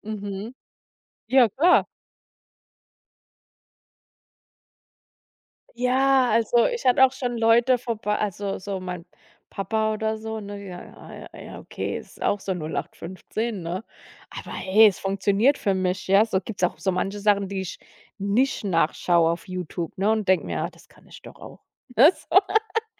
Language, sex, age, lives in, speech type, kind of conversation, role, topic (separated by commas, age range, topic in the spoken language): German, female, 35-39, United States, conversation, podcast, guest, Wie hast du dir eine Fähigkeit komplett selbst beigebracht?